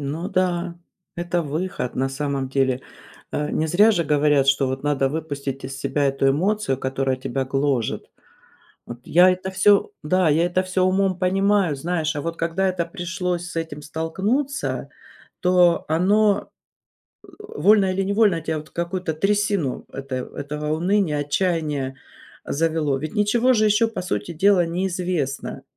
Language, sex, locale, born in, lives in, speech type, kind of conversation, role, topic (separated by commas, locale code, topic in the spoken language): Russian, female, ru-RU, Russia, United States, conversation, advice, user, Как справиться с ожиданием результатов медицинских анализов?